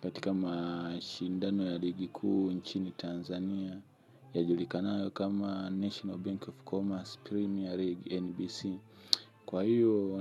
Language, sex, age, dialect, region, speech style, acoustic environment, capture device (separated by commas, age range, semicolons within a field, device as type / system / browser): Swahili, male, 25-34, Tanzania - Dar es Salaam, Tanzania, happy, nature; silence, mobile / Linux / Chrome